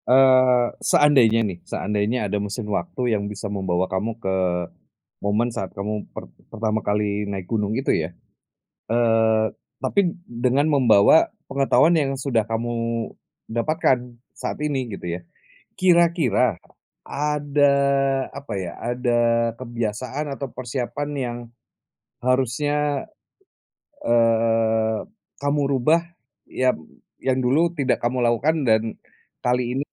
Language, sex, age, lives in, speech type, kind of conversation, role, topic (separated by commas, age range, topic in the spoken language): Indonesian, male, 40-44, Indonesia, conversation, podcast, host, Apa pengalaman mendaki gunung paling berkesan yang pernah kamu alami?